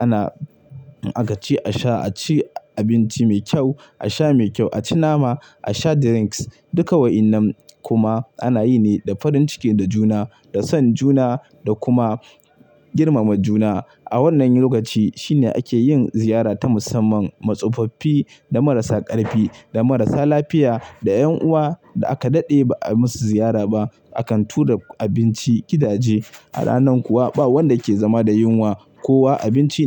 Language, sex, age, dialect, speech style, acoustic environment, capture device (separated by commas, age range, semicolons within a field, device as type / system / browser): Hausa, male, 25-34, Nigeria - Kano, happy, silence, mobile / Linux / Chrome